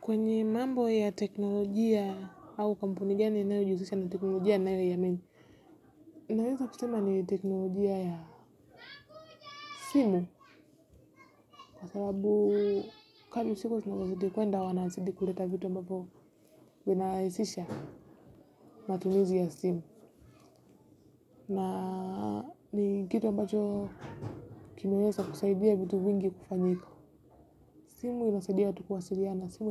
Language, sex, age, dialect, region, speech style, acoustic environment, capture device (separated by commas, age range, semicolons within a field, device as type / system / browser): Swahili, female, 18-24, Kenya - Nairobi Sheng-influenced, Kenya, focused, nature, mobile / Linux / Chrome